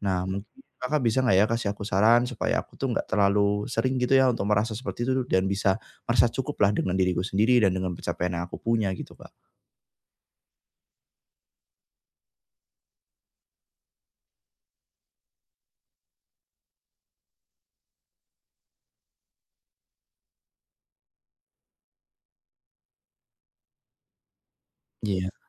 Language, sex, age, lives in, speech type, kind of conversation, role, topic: Indonesian, male, 25-29, Indonesia, conversation, advice, user, Kenapa aku selalu merasa kurang dibandingkan pencapaian teman?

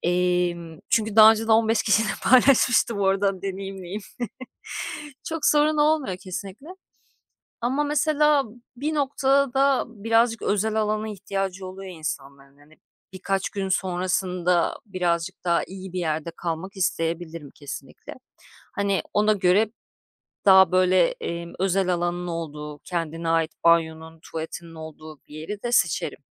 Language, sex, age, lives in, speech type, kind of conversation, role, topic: Turkish, female, 35-39, Greece, conversation, podcast, guest, Seyahatte paranı yönetmeyi nasıl öğrendin?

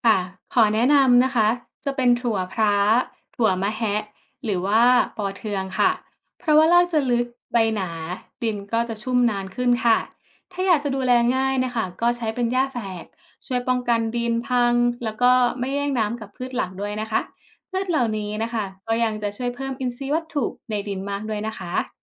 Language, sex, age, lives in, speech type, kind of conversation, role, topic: Thai, female, 25-29, Thailand, read, expert-assistant, expert, ในแนวทางการทำเกษตรแบบยั่งยืน คุณคิดว่าควรให้ความสำคัญกับปัจจัยใดก่อน เช่น การอนุรักษ์ดิน การจัดการน้ำ หรือการลดการใช้สารเคมี?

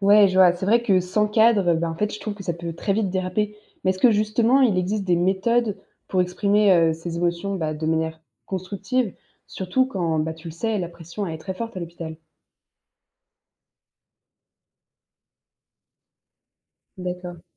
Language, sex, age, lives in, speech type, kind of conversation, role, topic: French, female, 20-24, France, read, expert-assistant, asker, Imaginez un monde où tout le monde exprime clairement ses émotions : quels seraient les avantages et les défis pour la société ?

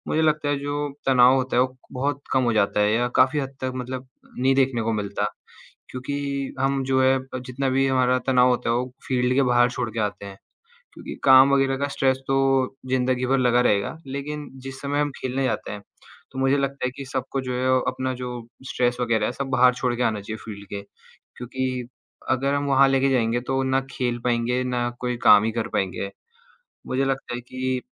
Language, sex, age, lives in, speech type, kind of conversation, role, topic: Hindi, male, 18-19, India, conversation, unstructured, none, आपको कौन सा खेल सबसे ज़्यादा मज़ेदार लगता है?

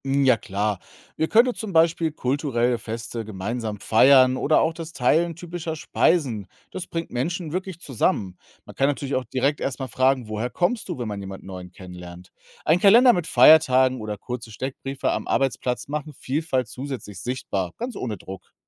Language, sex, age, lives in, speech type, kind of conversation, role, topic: German, male, 35-39, Germany, read, expert-assistant, expert, Welche Möglichkeiten gäbe es, im Alltag Vorurteile über andere Religionen abzubauen?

